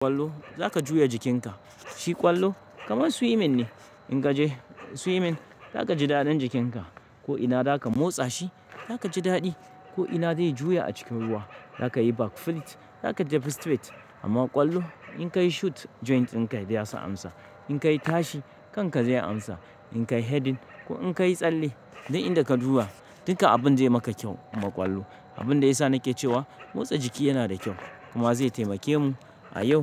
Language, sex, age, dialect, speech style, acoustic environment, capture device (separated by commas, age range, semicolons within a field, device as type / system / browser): Hausa, male, 35-44, Nigeria - Kano, happy, nature, mobile / Linux / Chrome